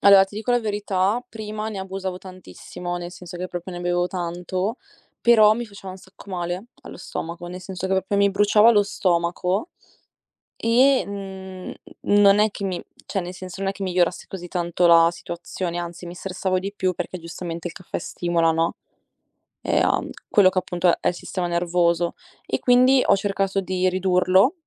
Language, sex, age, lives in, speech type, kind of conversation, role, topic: Italian, female, 20-24, Italy, conversation, advice, user, Perché mi sento sempre stanco anche se dormo molte ore?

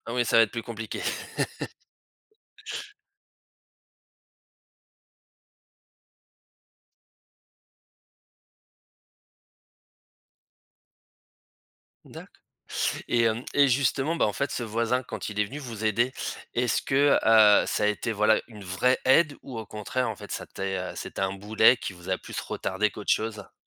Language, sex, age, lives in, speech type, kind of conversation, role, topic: French, male, 35-39, France, conversation, podcast, host, Comment une petite aide entre voisins t’a-t-elle touché ?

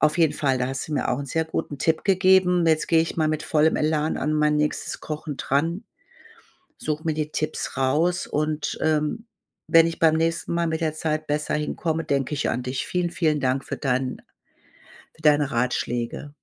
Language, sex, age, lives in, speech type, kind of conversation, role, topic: German, female, 55-59, Germany, conversation, advice, user, Wie kann ich bei der Essensvorbereitung Zeit sparen?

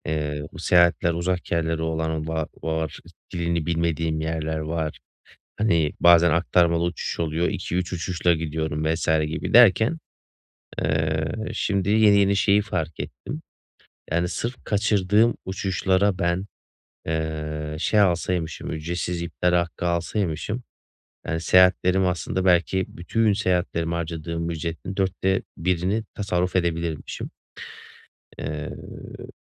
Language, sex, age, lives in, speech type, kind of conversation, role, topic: Turkish, male, 30-34, Bulgaria, conversation, advice, user, Seyahat ederken güvenlik ve sağlık endişelerimi nasıl azaltabilirim?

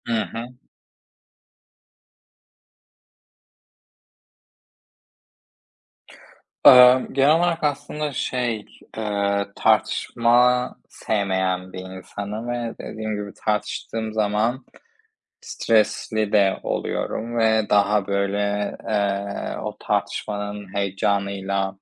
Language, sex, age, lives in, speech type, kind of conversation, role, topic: Turkish, male, 25-29, Spain, conversation, unstructured, none, Stresle başa çıkmanın en etkili yolları nelerdir?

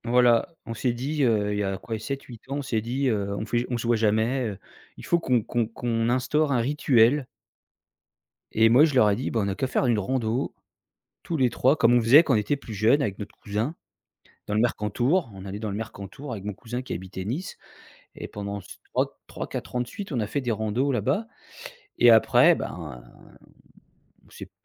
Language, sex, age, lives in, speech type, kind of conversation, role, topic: French, male, 45-49, France, conversation, podcast, guest, Quels sont tes indispensables dans ton sac à dos ?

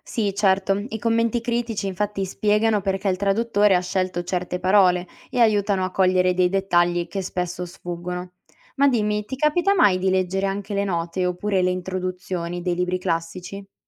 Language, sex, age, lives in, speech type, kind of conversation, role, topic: Italian, female, 20-24, Italy, read, expert-assistant, expert, Come facciamo a capire se una frase famosa di un classico è davvero dell’autore o un’invenzione successiva?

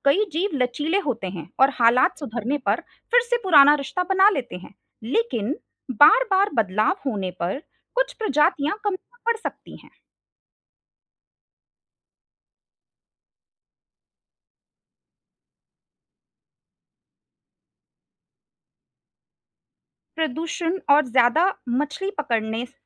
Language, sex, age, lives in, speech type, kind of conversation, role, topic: Hindi, female, 25-29, India, read, expert-assistant, expert, समुद्री जीवों के बीच सहजीवन (symbiosis) के लिए कोई रोचक वास्तविक जीवन उदाहरण बताइए, जिसे हम अपने रोज़मर्रा के रिश्तों से तुलना कर सकें।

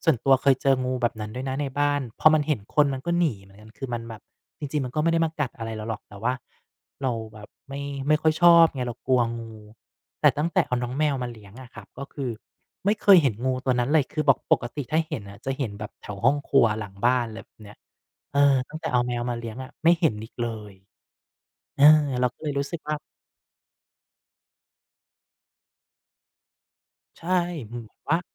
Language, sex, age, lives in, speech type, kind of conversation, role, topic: Thai, male, 35-39, Thailand, conversation, unstructured, none, สัตว์เลี้ยงส่งผลต่อความสุขในชีวิตของคุณอย่างไรบ้าง?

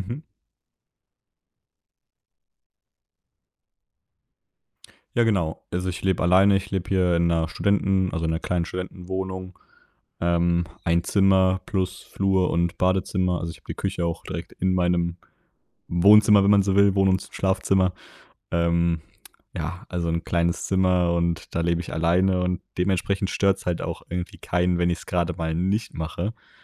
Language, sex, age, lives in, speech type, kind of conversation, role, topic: German, male, 20-24, Germany, conversation, advice, user, Wie kann ich meine tägliche Selbstdisziplin langfristig aufrechterhalten?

